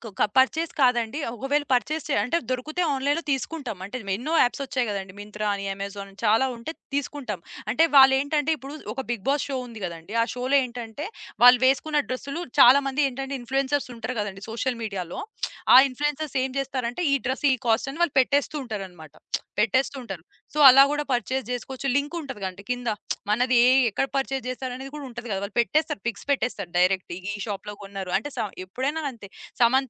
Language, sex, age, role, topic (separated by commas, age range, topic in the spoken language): Telugu, female, 25-29, guest, సామాజిక మాధ్యమాలు మీ వ్యక్తిగత అలంకరణ శైలిపై ఎంతవరకు ప్రభావం చూపిస్తాయి?